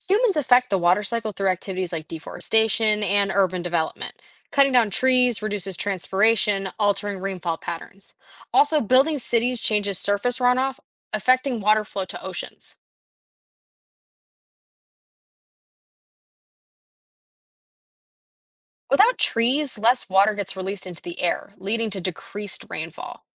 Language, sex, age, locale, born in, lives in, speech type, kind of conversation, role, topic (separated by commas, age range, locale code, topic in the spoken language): English, female, 18-19, en-US, United States, United States, read, expert-assistant, expert, What are the steps involved in the water cycle?